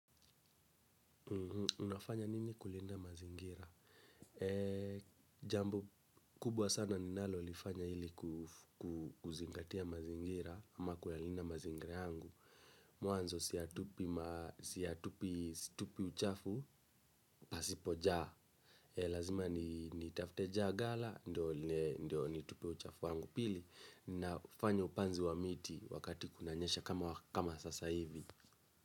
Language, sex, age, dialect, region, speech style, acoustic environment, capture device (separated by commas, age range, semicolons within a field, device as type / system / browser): Swahili, male, 25-34, Kenya - Nairobi Sheng-influenced, Kenya, relaxed, silence, mobile / Linux / Chrome